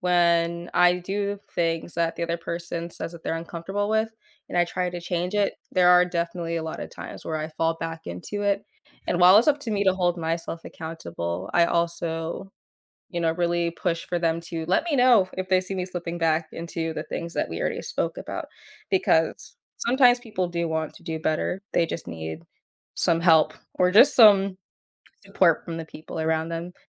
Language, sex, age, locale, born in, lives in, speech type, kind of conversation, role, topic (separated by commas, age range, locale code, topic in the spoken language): English, female, 20-24, en-US, United States, United States, conversation, podcast, host, What qualities or experiences make a conversation truly memorable for you?